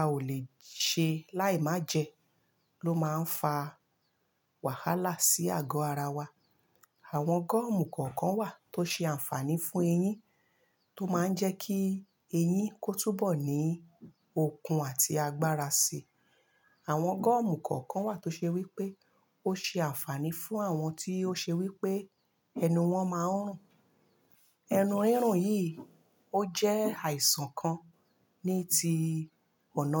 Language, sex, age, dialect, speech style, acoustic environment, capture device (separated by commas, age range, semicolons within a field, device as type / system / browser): Yoruba, female, 35-44, Nigeria - Lagos Yoruba, happy, nature, mobile / Linux / Chrome